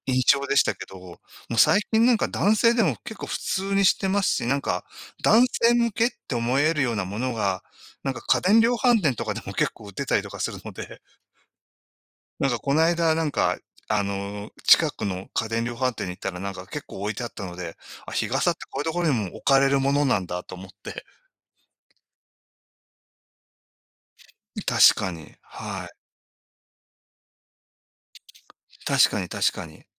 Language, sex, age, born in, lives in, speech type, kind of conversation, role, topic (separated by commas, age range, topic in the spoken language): Japanese, male, 60-64, Japan, Japan, conversation, unstructured, none, 自然環境は私たちの暮らしにどのような影響を与えていますか？